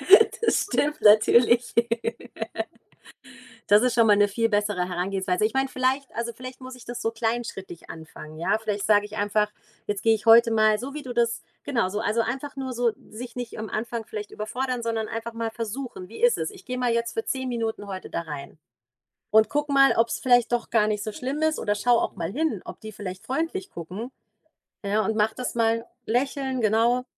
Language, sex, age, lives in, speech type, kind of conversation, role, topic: German, female, 40-44, Germany, conversation, advice, user, Wodurch fühlst du dich im Fitnessstudio unsicher oder schämst dich, und in welchen Situationen ist dieses Gefühl am stärksten?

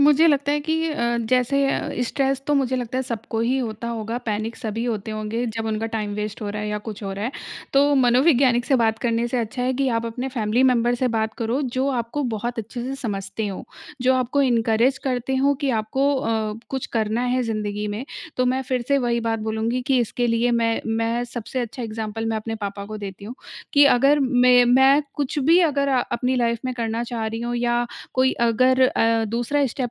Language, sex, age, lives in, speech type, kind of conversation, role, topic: Hindi, female, 30-34, India, conversation, podcast, guest, आप आमतौर पर काम और घर के बीच समय का संतुलन कैसे बनाते हैं?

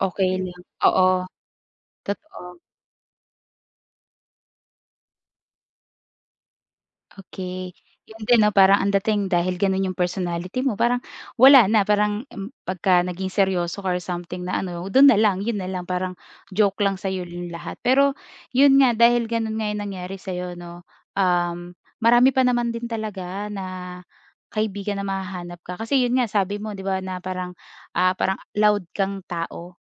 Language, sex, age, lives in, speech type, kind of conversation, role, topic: Filipino, female, 25-29, Philippines, conversation, advice, advisor, Paano ako magiging komportable kapag hinuhusgahan ako ng iba?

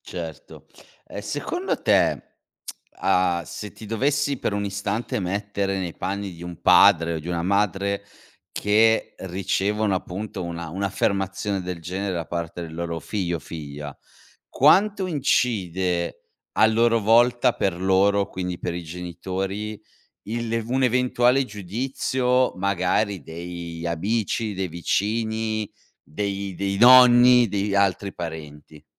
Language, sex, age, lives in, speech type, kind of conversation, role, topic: Italian, male, 40-44, Italy, conversation, podcast, host, Come sostenere concretamente un figlio che si dichiara LGBTQ+?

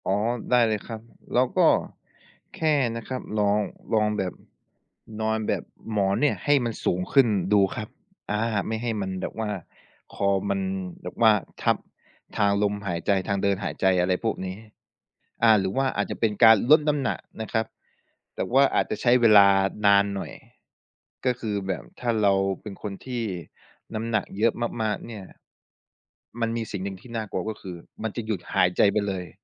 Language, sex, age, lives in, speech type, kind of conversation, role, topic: Thai, male, 25-29, Thailand, conversation, podcast, guest, ตื่นเช้าแบบไม่งัวเงีย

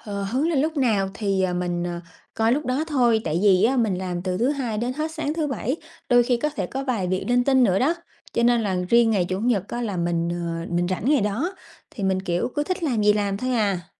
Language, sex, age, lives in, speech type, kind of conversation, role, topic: Vietnamese, female, 30-34, Vietnam, conversation, advice, user, Tại sao mình không thể thư giãn để tận hưởng việc xem phim hoặc nghe nhạc khi đang căng thẳng?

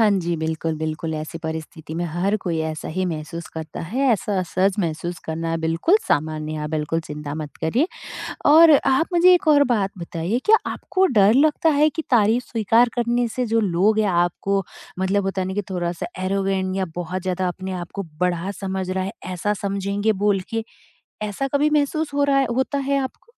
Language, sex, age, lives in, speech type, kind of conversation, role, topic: Hindi, female, 30-34, India, conversation, advice, advisor, मैं तारीफ मिलते समय कैसे सहज और कृतज्ञ रह सकता/सकती हूँ?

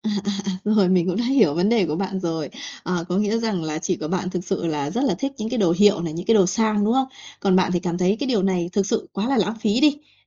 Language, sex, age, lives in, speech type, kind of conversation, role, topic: Vietnamese, female, 30-34, Vietnam, conversation, advice, advisor, Làm thế nào để giải quyết mâu thuẫn khi vợ/chồng hoặc người yêu tiêu xài khác mình và thường cãi vã về ngân sách gia đình?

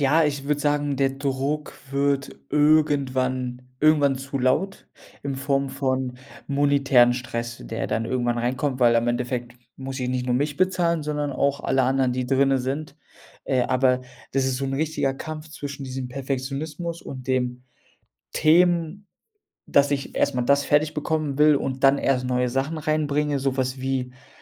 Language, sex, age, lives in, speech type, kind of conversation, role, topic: German, male, 25-29, Germany, conversation, advice, user, Wie hält dich Perfektionismus davon ab, voranzukommen?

